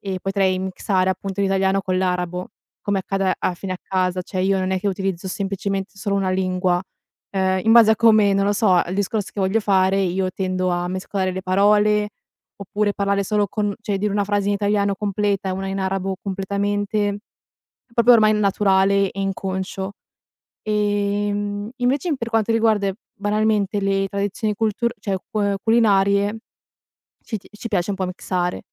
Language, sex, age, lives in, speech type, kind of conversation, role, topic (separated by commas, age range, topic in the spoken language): Italian, female, 20-24, Italy, conversation, podcast, guest, Com'è stato crescere tra due culture diverse?